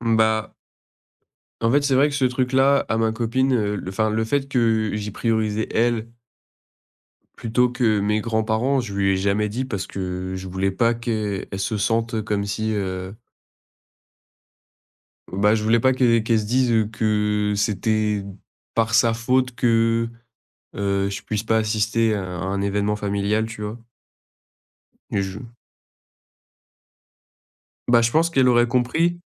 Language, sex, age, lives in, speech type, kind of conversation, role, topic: French, male, 20-24, France, conversation, advice, user, Comment dire non à un proche sans le blesser ?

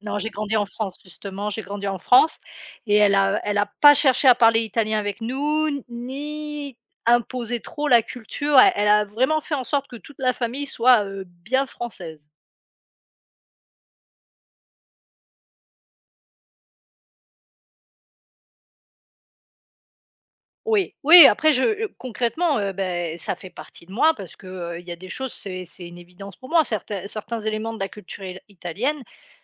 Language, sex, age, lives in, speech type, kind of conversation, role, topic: French, female, 35-39, France, conversation, unstructured, none, Comment votre culture perçoit-elle l’apprentissage des langues ?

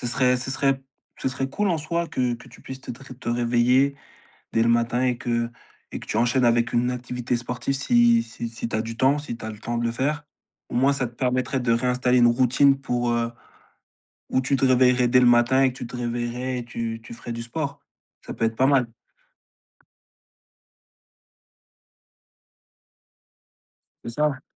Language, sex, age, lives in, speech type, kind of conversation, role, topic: French, male, 25-29, France, conversation, advice, advisor, Pourquoi n’arrives-tu pas à suivre une routine matinale régulière ?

